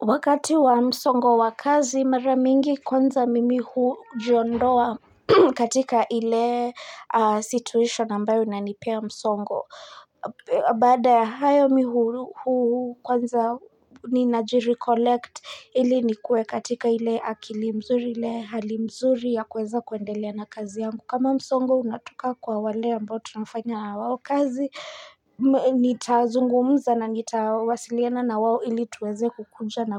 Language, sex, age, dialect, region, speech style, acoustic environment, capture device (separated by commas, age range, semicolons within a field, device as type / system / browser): Swahili, female, 25-34, Kenya - Nairobi Sheng-influenced, Kenya, focused, nature, mobile / Linux / Chrome